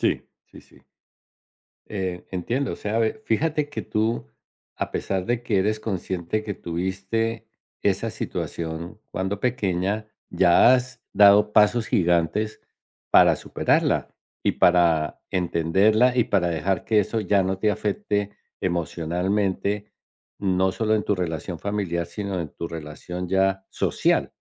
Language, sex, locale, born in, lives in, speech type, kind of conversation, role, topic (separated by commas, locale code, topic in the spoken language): Spanish, male, es-CO, Colombia, United States, conversation, advice, advisor, ¿Cómo te has sentido cuando tus padres muestran favoritismo por uno de tus hermanos y tú te sientes excluido o menospreciado?